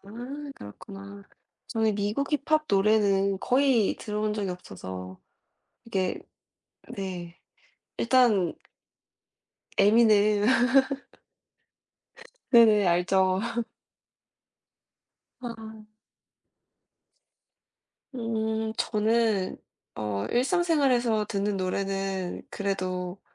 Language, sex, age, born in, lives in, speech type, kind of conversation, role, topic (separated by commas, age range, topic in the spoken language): Korean, female, 20-24, South Korea, United States, conversation, unstructured, none, 운동할 때 음악을 듣나요, 그리고 어떤 노래가 가장 좋나요?